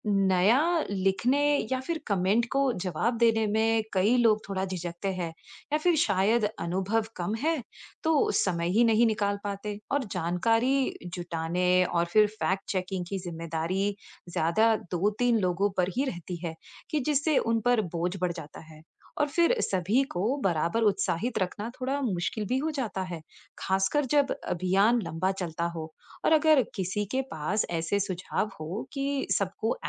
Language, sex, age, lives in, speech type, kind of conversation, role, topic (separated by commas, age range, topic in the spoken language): Hindi, female, 35-39, United States, read, expert-assistant, asker, सोशल मीडिया पर टीम और समुदाय में निरंतर जुड़ाव कैसे बढ़ाया जा सकता है?